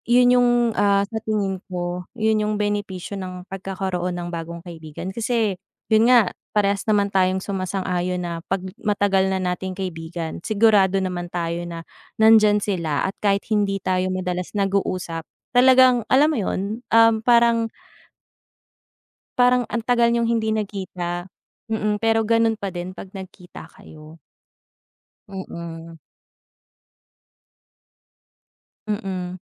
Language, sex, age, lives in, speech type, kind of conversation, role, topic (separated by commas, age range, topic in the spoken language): Filipino, female, 30-34, Philippines, conversation, unstructured, none, Mas gusto mo bang lagi kang may pagkakataong makakilala ng bagong kaibigan o lagi kang may pagkakataong makasama ang matagal mo nang kaibigan?